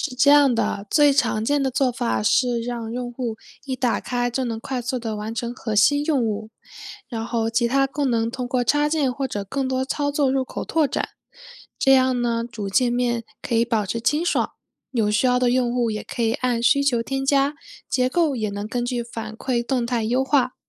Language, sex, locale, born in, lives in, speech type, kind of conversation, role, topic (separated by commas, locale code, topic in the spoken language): Chinese, female, zh-CN, China, United States, read, expert-assistant, expert, 为什么有些创业点子能成功，而有些却失败了？背后的原因有哪些？